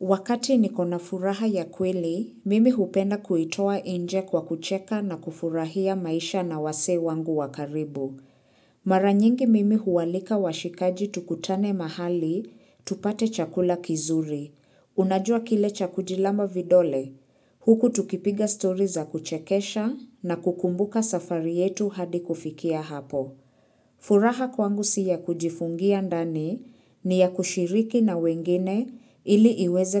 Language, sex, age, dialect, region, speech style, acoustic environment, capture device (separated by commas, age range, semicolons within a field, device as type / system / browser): Swahili, female, 25-34, Kenya - Nairobi Sheng-influenced, Kenya, relaxed, silence, mobile / Linux / Chrome